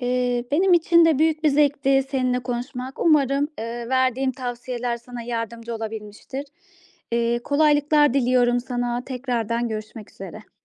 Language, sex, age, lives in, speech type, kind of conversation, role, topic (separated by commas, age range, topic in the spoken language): Turkish, female, 35-39, Austria, conversation, advice, advisor, Erken taslağımı paylaşmaktan nasıl daha az korkarım ve başkalarının tepkisine nasıl hazırlanırım?